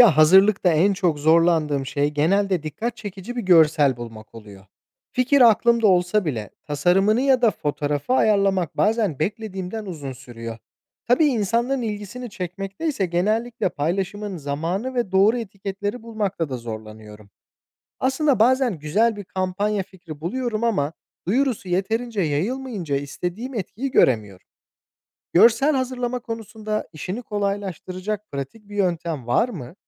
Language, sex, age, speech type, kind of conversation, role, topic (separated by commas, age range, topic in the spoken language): Turkish, male, 25-29, read, expert-assistant, asker, Küçük işletmeler müşteri çekmek için sosyal medyada hangi yöntemleri kullanmalı?